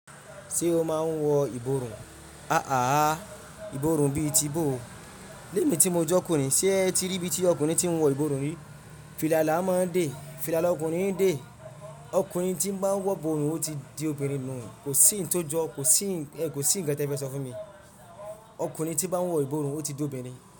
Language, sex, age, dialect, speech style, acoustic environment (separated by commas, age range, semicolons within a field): Yoruba, male, 25-34, Nigeria - Ibadan, relaxed, traffic; silence